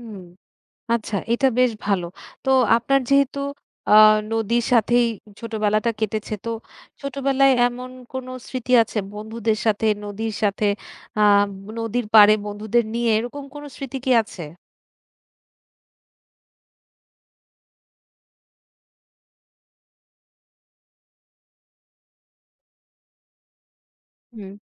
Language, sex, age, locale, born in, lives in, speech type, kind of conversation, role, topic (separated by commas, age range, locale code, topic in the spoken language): Bengali, female, 30-34, bn-BD, Bangladesh, Bangladesh, conversation, podcast, host, নদীর ঢেউয়ের শব্দ শুনলে আপনার মন কীভাবে শান্তি পায়?